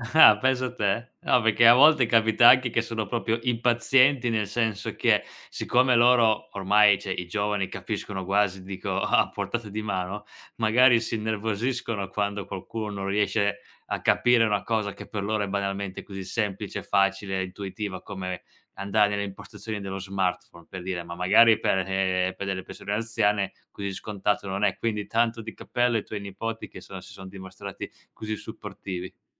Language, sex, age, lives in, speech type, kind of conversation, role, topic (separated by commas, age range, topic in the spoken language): Italian, male, 25-29, Italy, conversation, podcast, host, Come gestiscono le famiglie l’uso della tecnologia nel rapporto tra nonni e nipoti?